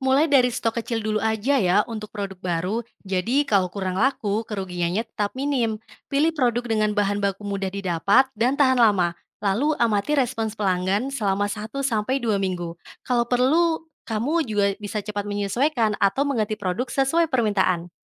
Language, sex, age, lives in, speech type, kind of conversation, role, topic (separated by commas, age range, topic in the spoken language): Indonesian, female, 25-29, Indonesia, read, expert-assistant, expert, Bagaimana cara mengatur langkah-langkah sederhana agar bisnis bisa bertahan dan berkembang di masa yang penuh perubahan?